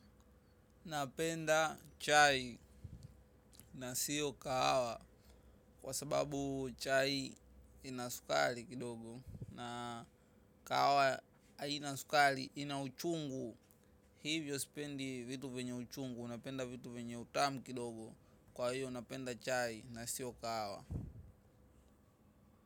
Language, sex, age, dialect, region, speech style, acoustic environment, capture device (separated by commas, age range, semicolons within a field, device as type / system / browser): Swahili, male, 18-24, Tanzania - Dar es Salaam, Tanzania, excited; focused; happy, traffic, mobile / macOS / Safari